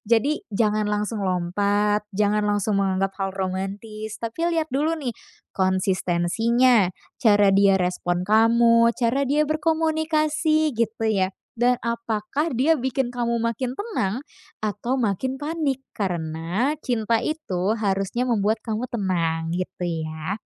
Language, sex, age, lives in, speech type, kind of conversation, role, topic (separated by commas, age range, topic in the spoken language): Indonesian, female, 20-24, Indonesia, conversation, advice, advisor, Bagaimana saya mengatasi rasa takut ditolak saat menjalani hubungan baru setelah patah hati?